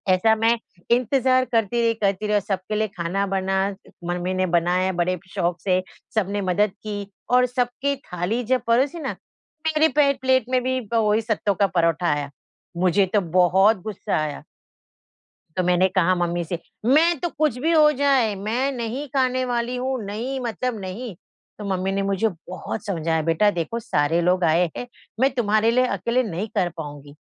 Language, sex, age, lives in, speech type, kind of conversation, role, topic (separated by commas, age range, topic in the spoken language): Hindi, female, 50-54, India, conversation, podcast, guest, माँ के हाथ का खाना आपके लिए इतना खास क्यों है?